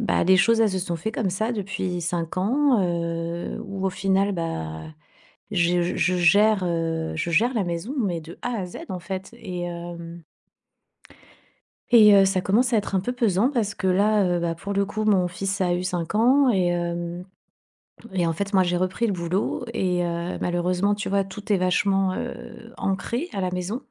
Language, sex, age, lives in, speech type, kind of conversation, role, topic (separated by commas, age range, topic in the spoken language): French, female, 40-44, Spain, conversation, advice, user, Comment gérer nos disputes fréquentes sur la répartition des responsabilités quotidiennes ?